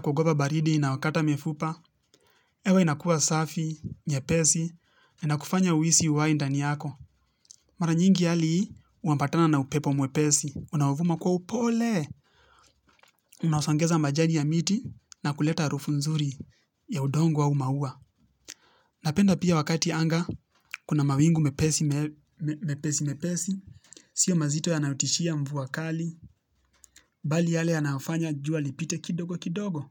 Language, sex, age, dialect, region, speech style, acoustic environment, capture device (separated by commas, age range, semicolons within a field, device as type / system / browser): Swahili, male, 18-24, Kenya - Nairobi Sheng-influenced, Kenya, relaxed, nature, mobile / Linux / Chrome